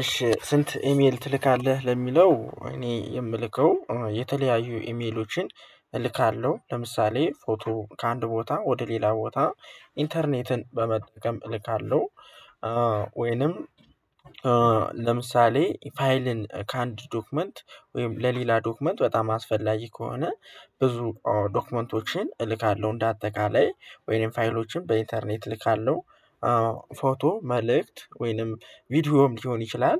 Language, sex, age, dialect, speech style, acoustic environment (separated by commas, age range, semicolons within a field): Amharic, male, 18-24, Ethiopia - Gojjam, happy, silence